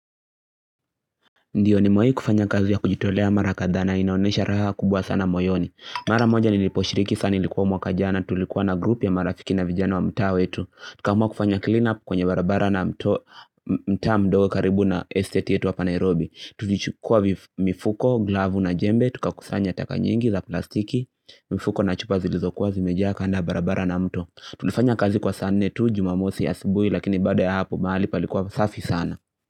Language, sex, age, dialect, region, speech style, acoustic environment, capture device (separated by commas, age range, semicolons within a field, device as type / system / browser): Swahili, male, 18-24, Kenya - Nairobi Sheng-influenced, Kenya, happy, silence, mobile / Linux / Chrome